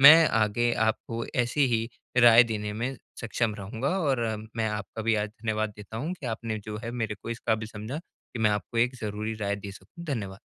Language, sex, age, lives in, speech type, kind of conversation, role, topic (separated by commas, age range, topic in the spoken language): Hindi, male, 20-24, India, conversation, advice, advisor, कपड़ों में आराम और शैली का संतुलन आप कैसे बना सकते हैं?